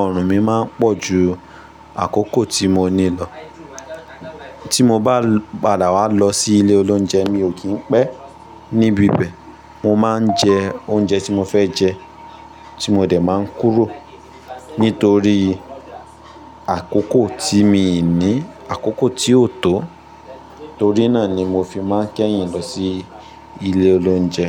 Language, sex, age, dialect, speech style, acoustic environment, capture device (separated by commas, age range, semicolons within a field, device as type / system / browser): Yoruba, male, 25-34, Nigeria - Ibadan, focused; happy; tired, people talking; nature; appliances, mobile / Linux / Chrome